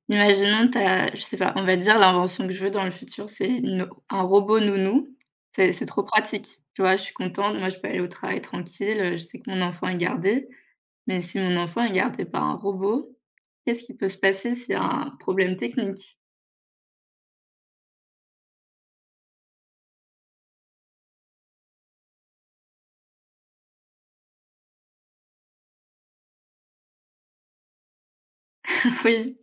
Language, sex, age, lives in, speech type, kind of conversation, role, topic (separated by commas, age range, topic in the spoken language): French, female, 25-29, France, conversation, unstructured, none, Quelle invention aimerais-tu voir apparaître dans le futur ?